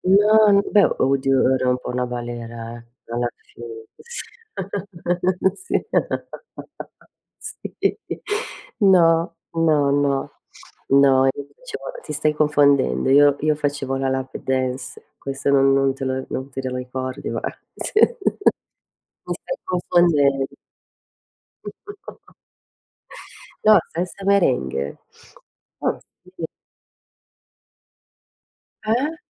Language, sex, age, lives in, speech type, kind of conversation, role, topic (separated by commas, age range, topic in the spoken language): Italian, female, 50-54, Italy, conversation, unstructured, none, Come scegli i tuoi hobby per arricchire la tua vita?